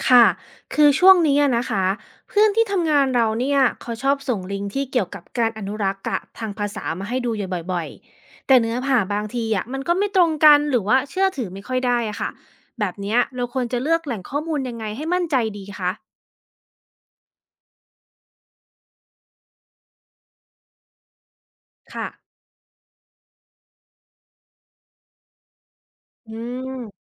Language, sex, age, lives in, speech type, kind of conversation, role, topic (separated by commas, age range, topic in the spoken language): Thai, female, 35-39, United States, read, expert-assistant, asker, เวลาค้นหาวิธีอนุรักษ์ภาษา เราจะรู้ได้อย่างไรว่าข้อมูลไหนเชื่อถือได้จริง?